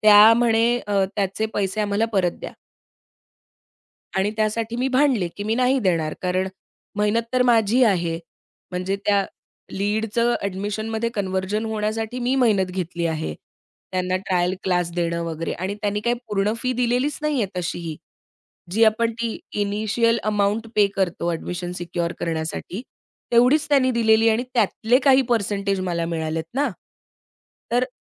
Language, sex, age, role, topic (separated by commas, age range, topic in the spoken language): Marathi, female, 30-34, guest, तुमच्या आयुष्यातलं एक गाणं तुम्ही कसं वर्णन कराल?